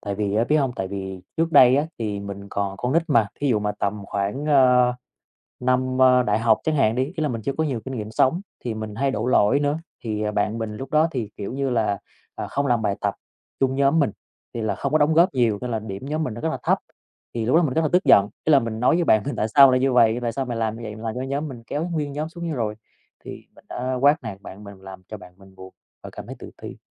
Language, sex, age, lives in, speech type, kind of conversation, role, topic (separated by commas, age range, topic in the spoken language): Vietnamese, male, 30-34, Vietnam, conversation, podcast, guest, Theo bạn, làm thế nào để xây dựng một cộng đồng bao dung hơn?